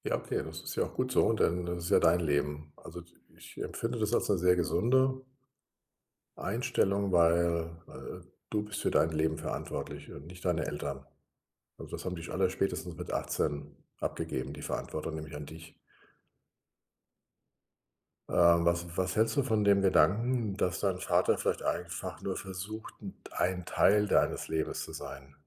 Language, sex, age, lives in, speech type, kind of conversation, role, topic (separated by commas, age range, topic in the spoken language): German, male, 60-64, Germany, conversation, advice, advisor, Wie kann ich wiederkehrende Familienmuster erkennen und verändern?